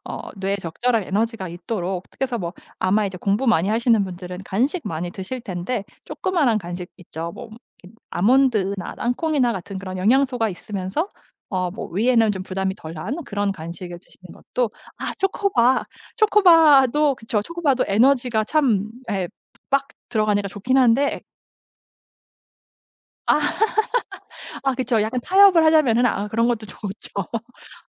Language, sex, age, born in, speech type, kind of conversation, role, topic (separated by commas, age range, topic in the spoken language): Korean, female, 35-39, South Korea, conversation, podcast, guest, 배운 내용을 잊지 않으려면 어떤 방법이 가장 도움이 될까요?